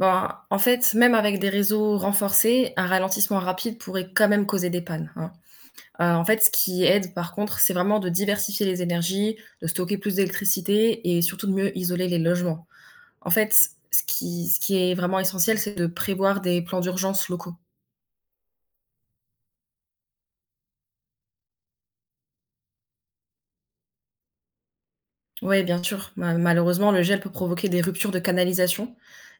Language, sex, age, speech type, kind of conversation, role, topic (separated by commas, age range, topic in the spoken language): French, female, 20-24, read, expert-assistant, expert, Pourquoi les courants océaniques se forment-ils et en quoi influencent-ils le climat de la planète ?